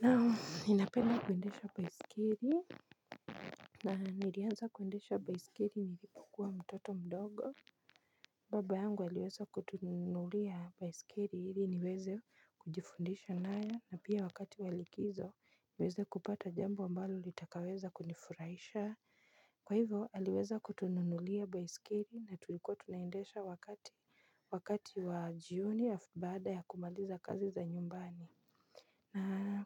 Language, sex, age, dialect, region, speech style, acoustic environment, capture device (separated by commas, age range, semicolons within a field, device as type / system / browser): Swahili, female, 25-34, Kenya - Nairobi Sheng-influenced, Kenya, happy; excited; focused, silence, mobile / Linux / Chrome